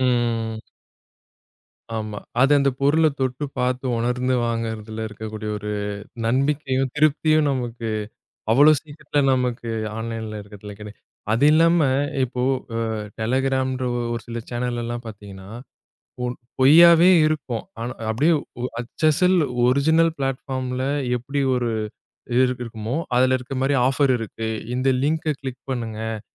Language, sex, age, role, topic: Tamil, male, 30-34, host, சமூக வலைதளங்களில் மற்றவர்களுடன் உங்களை ஒப்பிட்டு மனம் பாதிக்கப்படும்போது நீங்கள் என்ன செய்வீர்கள்?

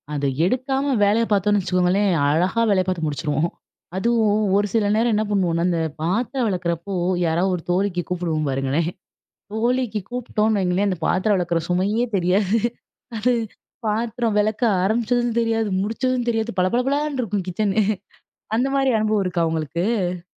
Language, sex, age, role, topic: Tamil, female, 25-29, host, காலை நேரத்தில் கைப்பேசி பயன்பாட்டை நீங்கள் எப்படி கட்டுப்படுத்துகிறீர்கள்?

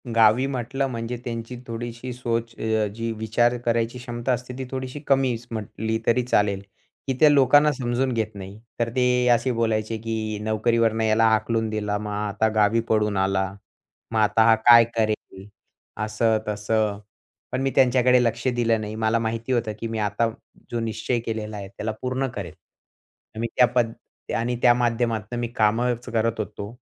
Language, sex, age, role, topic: Marathi, male, 20-24, guest, नोकरी गेल्यानंतर तुम्ही स्वतःची ओळख पुन्हा कशी उभी केली?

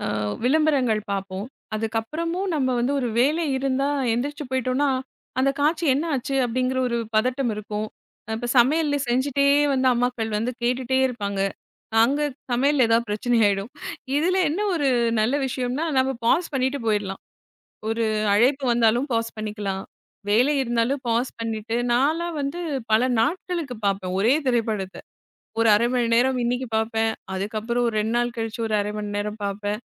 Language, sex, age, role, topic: Tamil, female, 35-39, guest, ஸ்ட்ரீமிங் சேவைகள் வந்தபின் பார்வையாளர்களின் பார்ப்புப் பழக்கங்கள் எவ்வாறு மாறியுள்ளன?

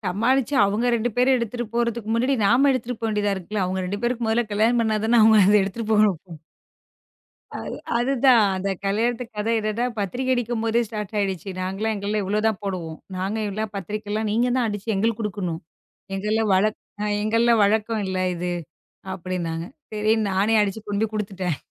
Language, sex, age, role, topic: Tamil, female, 35-39, guest, திருமணத்துக்குப் பிறகு இரு பண்பாடுகளை எப்படி இணைத்து குடும்பமாக வாழ்கிறீர்கள்?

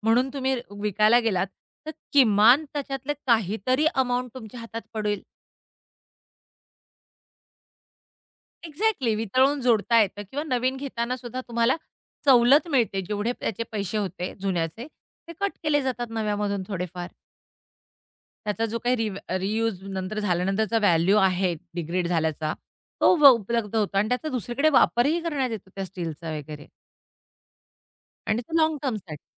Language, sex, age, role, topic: Marathi, female, 45-49, guest, प्लास्टिकचा वापर कमी करण्यासाठी कोणते सोपे उपाय करता येतील?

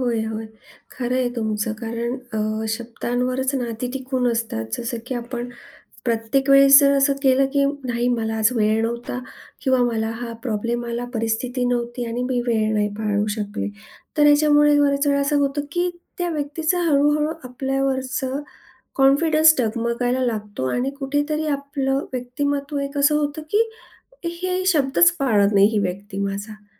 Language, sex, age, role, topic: Marathi, female, 40-44, host, वचन मोडल्यानंतर समोरच्याशी बोलताना नेमकं काय म्हणायला हवं?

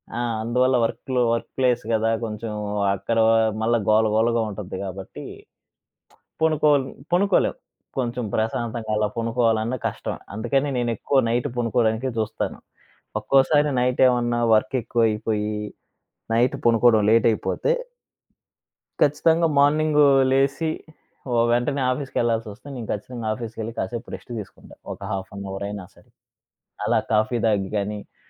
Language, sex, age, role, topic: Telugu, male, 25-29, guest, పగటిపూట కాసేపు నిద్రపోవడం మంచిదా, అయితే ఎంతసేపు నిద్రపోవాలి?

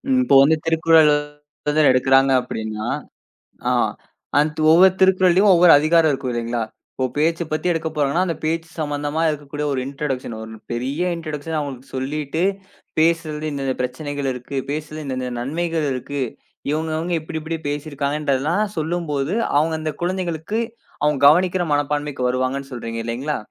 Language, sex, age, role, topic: Tamil, male, 20-24, host, கற்றலில் உங்களுக்கு ஊக்கம் இல்லாத போது, அதை மீண்டும் பெற நீங்கள் என்ன செய்வீர்கள்?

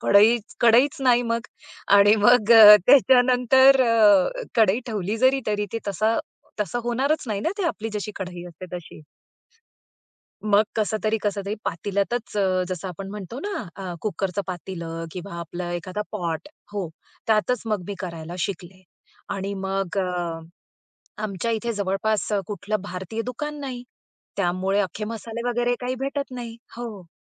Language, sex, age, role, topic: Marathi, female, 35-39, guest, ऑनलाइन मदत घेऊन छंद परत शिकणं कसं वाटलं?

